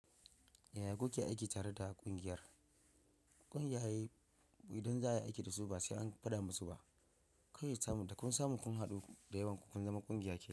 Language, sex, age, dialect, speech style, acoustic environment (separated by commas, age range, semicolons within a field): Hausa, male, 25-34, Nigeria - Ibadan, focused; relaxed, silence